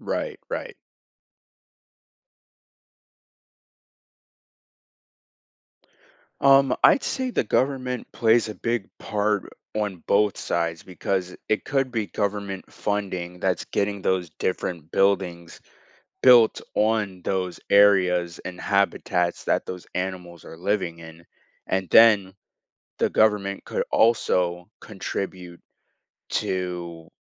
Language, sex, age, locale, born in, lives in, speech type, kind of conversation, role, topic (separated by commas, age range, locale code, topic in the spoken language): English, male, 18-19, en-US, United States, United States, conversation, podcast, guest, How can individuals make a real difference in protecting endangered species?